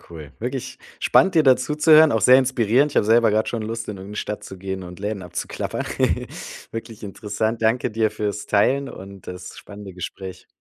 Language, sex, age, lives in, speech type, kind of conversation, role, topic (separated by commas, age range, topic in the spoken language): German, male, 35-39, Germany, conversation, podcast, host, Welche Rolle spielt Bewegung oder ein Spaziergang für deine Kreativität?